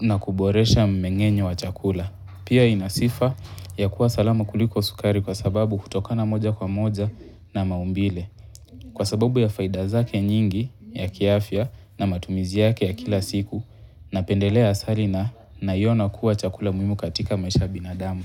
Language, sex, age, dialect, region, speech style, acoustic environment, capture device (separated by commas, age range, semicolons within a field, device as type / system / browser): Swahili, male, 18-24, Kenya - Mombasa, Kenya, relaxed, people talking, mobile / Linux / Chrome